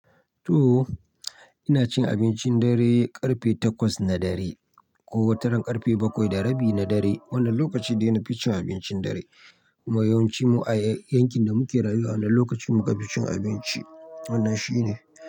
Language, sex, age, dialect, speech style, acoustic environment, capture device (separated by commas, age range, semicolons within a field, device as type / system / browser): Hausa, male, 25-34, Nigeria - Nigerian Standard, happy, people talking; silence, mobile / Linux / Chrome